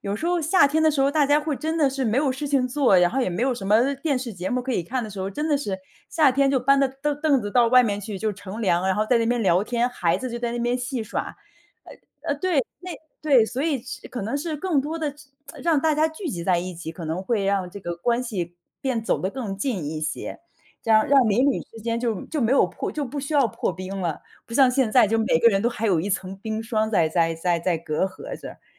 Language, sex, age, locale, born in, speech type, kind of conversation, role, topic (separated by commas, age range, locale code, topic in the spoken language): Chinese, female, 35-39, zh-CN, China, conversation, podcast, host, 如何在社区里传递尊重与包容？